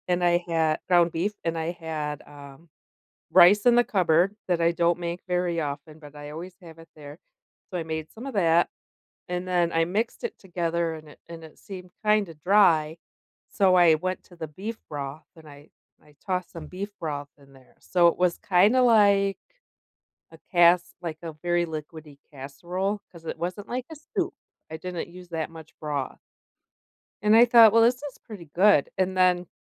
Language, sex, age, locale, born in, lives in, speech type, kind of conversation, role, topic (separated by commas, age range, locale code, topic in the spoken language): English, female, 50-54, en-US, United States, United States, conversation, unstructured, none, What is a meal that always makes you happy?